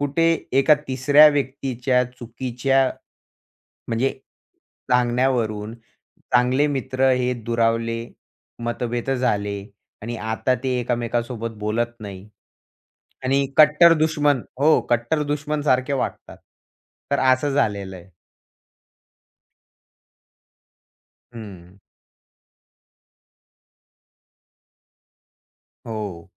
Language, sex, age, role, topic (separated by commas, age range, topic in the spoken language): Marathi, male, 20-24, guest, मित्रांशी मतभेद झाले तर तुम्ही काय कराल?